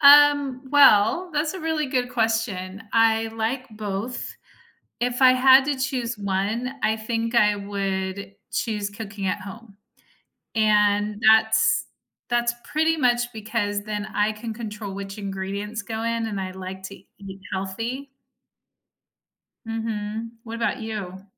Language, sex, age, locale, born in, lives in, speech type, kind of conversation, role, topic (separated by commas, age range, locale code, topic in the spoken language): English, female, 45-49, en-US, United States, United States, conversation, unstructured, none, Do you prefer cooking at home or dining out, and why?